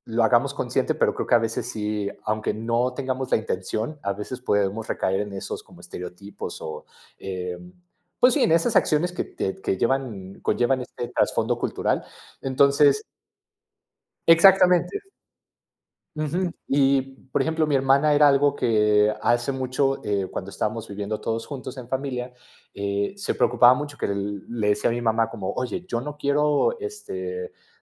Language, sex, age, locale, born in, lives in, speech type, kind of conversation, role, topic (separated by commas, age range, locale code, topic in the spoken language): Spanish, male, 30-34, es-MX, Mexico, Mexico, conversation, podcast, guest, ¿Cómo organizas las tareas domésticas en familia?